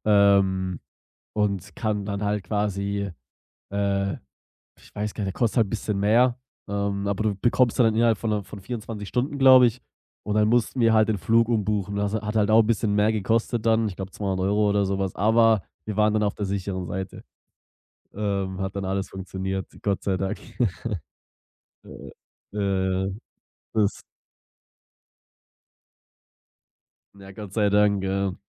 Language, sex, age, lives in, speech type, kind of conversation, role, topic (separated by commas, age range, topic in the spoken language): German, male, 25-29, Germany, conversation, podcast, guest, Welche Reise hat dich persönlich am meisten verändert?